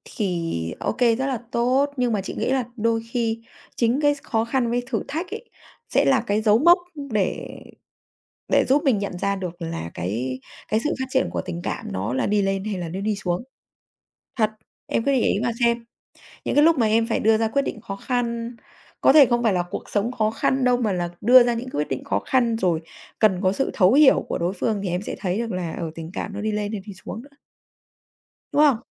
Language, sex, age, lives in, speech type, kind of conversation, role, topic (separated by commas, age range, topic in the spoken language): Vietnamese, female, 35-39, Vietnam, conversation, unstructured, none, Bạn có nghĩ rằng tình yêu cần thời gian để phát triển không?